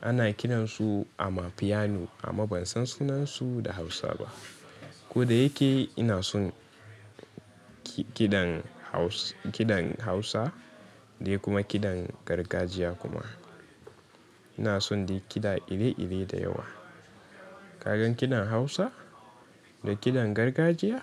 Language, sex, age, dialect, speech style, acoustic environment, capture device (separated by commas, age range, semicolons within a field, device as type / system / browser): Hausa, male, 18-24, Nigeria - Katsina, anxious; tired, people talking; nature, mobile / Linux / Chrome